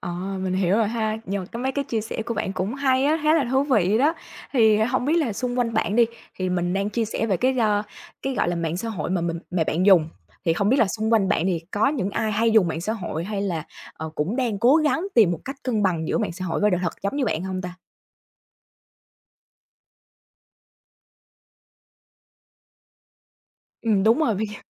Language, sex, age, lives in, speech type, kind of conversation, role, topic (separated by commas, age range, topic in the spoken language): Vietnamese, female, 25-29, Vietnam, conversation, podcast, host, Bạn cân bằng mạng xã hội và đời sống thực như thế nào?